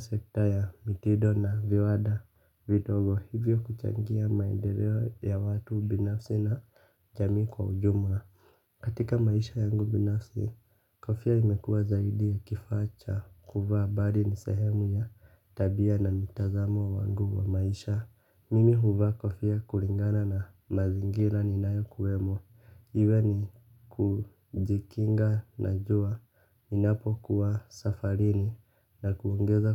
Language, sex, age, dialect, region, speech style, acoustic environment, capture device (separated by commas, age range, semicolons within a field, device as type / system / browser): Swahili, male, 25-34, Kenya - Nairobi Sheng-influenced, Kenya, relaxed; happy; excited, silence, mobile / Linux / Chrome